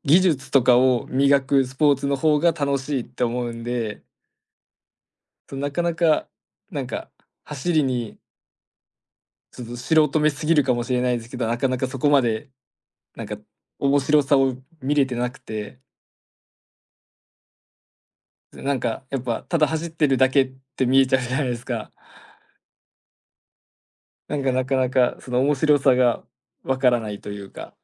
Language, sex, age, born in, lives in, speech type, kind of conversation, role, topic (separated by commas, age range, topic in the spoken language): Japanese, male, 20-24, Japan, Japan, conversation, advice, user, 忙しくて運動の時間が取れないことで、どんなストレスを感じていますか？